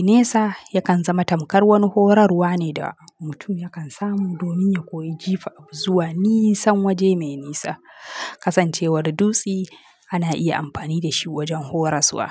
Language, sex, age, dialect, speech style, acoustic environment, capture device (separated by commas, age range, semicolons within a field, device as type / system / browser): Hausa, female, 25-34, Nigeria - Kano, relaxed, nature, mobile / Linux / Chrome